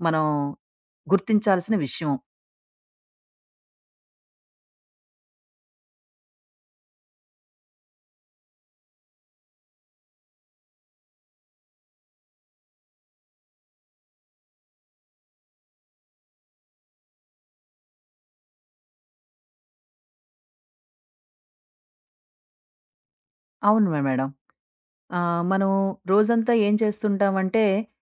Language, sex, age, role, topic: Telugu, female, 40-44, guest, మీ శరీరం పంపే సంకేతాలను మీరు సాధారణంగా ఎలా గుర్తిస్తారు?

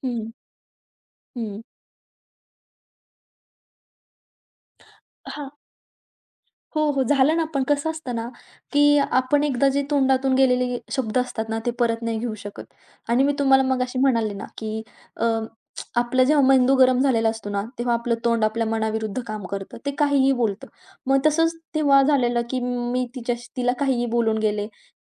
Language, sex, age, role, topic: Marathi, female, 20-24, guest, घरातील लहान ताणतणाव मिटवण्यासाठी तुम्ही काय करता?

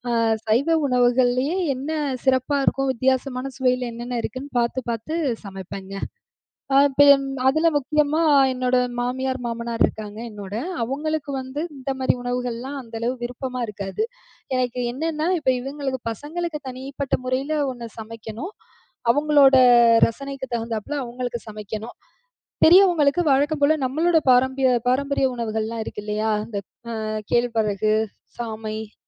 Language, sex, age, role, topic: Tamil, female, 35-39, guest, சமையல் செய்வது உங்களுக்கு எப்படிப் மகிழ்ச்சி தருகிறது?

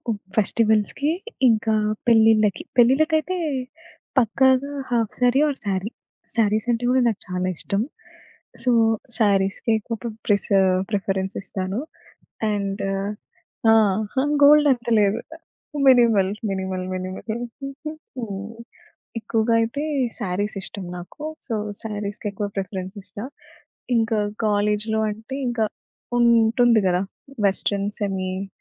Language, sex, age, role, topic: Telugu, female, 25-29, guest, దుస్తుల్లో మీ వ్యక్తిత్వం నిజంగా కనిపిస్తుందా?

